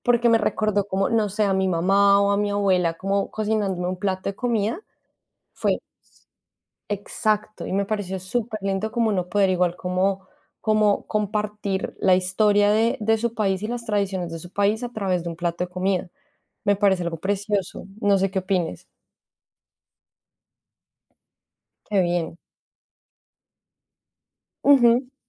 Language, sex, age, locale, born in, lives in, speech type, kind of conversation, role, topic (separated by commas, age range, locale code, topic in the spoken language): Spanish, female, 25-29, es-CO, Colombia, Spain, conversation, unstructured, none, ¿Cómo influye la comida típica en las tradiciones de tu país?